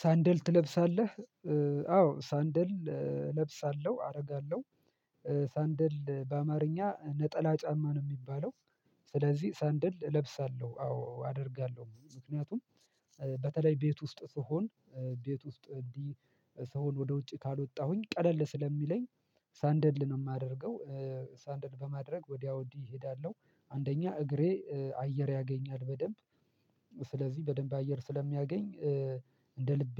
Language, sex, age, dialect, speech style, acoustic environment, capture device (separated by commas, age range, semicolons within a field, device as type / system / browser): Amharic, male, 25-34, Ethiopia - Addis Ababa, relaxed; excited; focused; happy, silence, mobile / Linux / Chrome